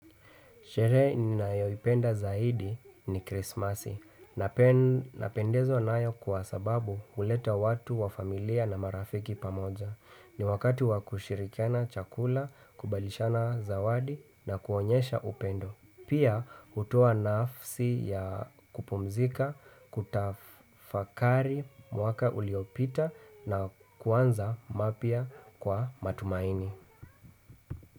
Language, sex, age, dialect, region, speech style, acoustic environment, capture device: Swahili, male, 25-34, Kenya - Nairobi Sheng-influenced, Kenya, relaxed, people talking, desktop / Windows / Chrome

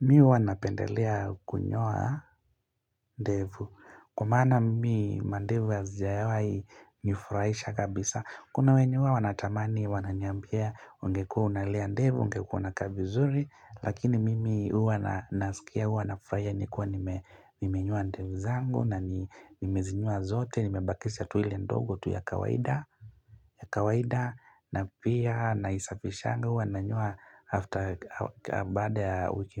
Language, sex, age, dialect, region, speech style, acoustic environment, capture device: Swahili, male, 25-34, Kenya - Mombasa, Kenya, happy; relaxed; focused; excited, nature; people talking, mobile / Linux / Chrome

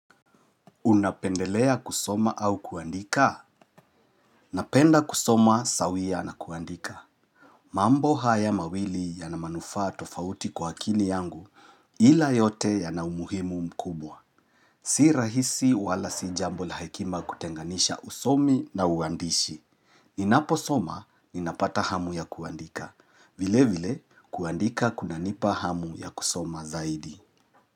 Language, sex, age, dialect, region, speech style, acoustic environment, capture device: Swahili, male, 35-44, Kenya - Nairobi Sheng-influenced, Kenya, relaxed, nature; silence, mobile / Linux / Chrome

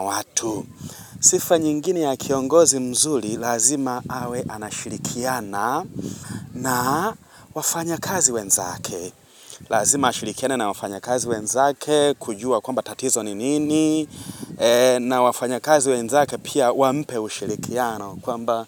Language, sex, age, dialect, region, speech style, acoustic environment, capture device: Swahili, male, 25-34, Tanzania - Dar es Salaam, Tanzania, happy, nature; traffic, mobile / Linux / Chrome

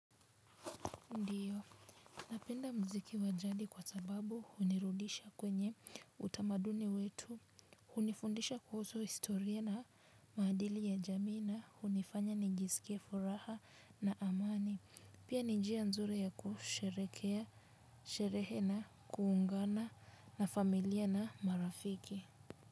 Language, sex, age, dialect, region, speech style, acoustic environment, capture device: Swahili, female, 18-24, Kenya - Nairobi Sheng-influenced, Kenya, relaxed, silence, mobile / Linux / Chrome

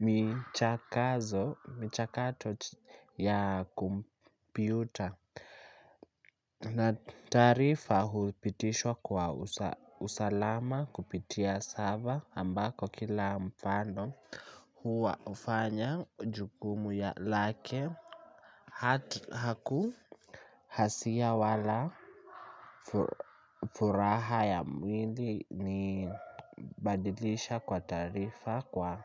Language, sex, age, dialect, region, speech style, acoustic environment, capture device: Swahili, male, 18-24, Kenya - Nairobi Sheng-influenced, Kenya, tired, people talking; appliances; music, mobile / Linux / Chrome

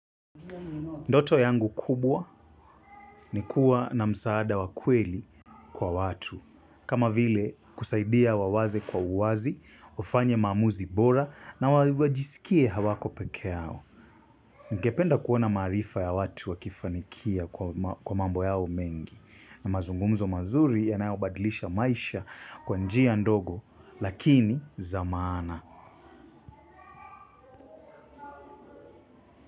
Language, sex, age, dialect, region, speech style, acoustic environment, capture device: Swahili, male, 25-34, Kenya - Mombasa, Kenya, happy; relaxed; focused, silence; appliances, mobile / Linux / Chrome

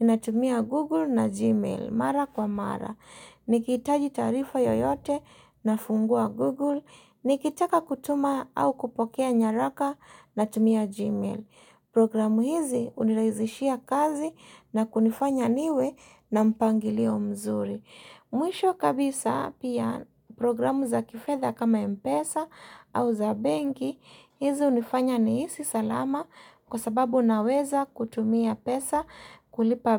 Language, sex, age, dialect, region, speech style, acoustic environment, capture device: Swahili, female, 35-44, Kenya - Mombasa, Kenya, happy, silence, mobile / Linux / Chrome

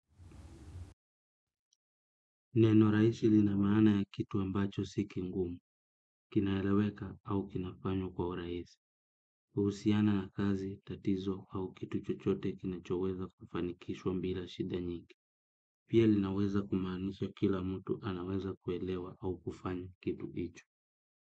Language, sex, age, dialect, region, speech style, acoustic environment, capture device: Swahili, male, 25-34, Kenya - Kitui, Kenya, relaxed, silence, desktop / Windows / Chrome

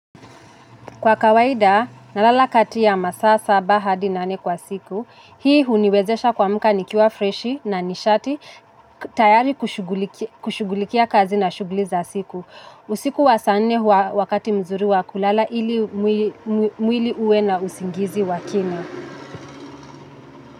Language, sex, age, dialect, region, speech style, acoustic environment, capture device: Swahili, female, 25-34, Kenya - Nairobi Sheng-influenced, Kenya, anxious, traffic, mobile / Linux / Chrome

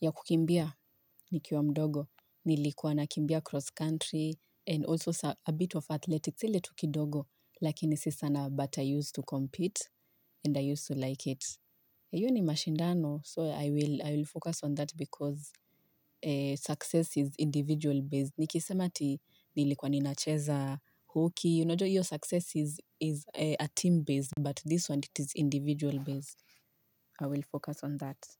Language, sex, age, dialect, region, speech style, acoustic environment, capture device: Swahili, female, 25-34, Kenya - Nairobi Sheng-influenced, Kenya, focused, nature, mobile / Linux / Chrome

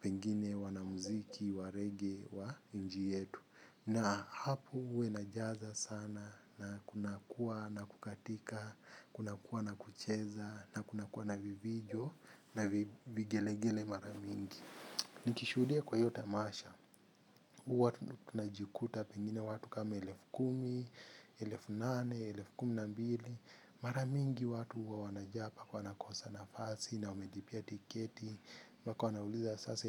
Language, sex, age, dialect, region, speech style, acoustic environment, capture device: Swahili, male, 25-34, Kenya - Mombasa, Kenya, happy; focused, traffic; music; people talking; construction; appliances, mobile / Linux / Chrome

